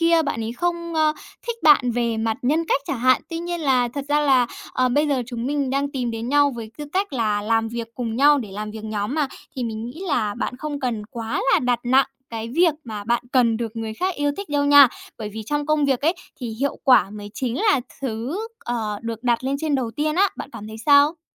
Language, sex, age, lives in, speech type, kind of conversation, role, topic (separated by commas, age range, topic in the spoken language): Vietnamese, female, 30-34, Japan, conversation, advice, advisor, Làm sao vượt qua nỗi sợ bị từ chối khi chủ động kết nối với người quen mới?